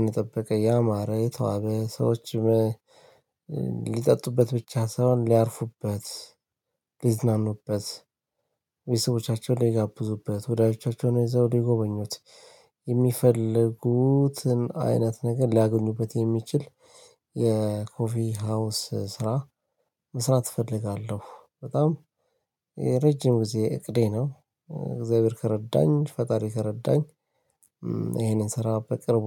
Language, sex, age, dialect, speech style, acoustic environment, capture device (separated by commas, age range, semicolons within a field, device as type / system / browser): Amharic, male, 35-44, Ethiopia - Addis Ababa, relaxed, silence, mobile / Linux / Chrome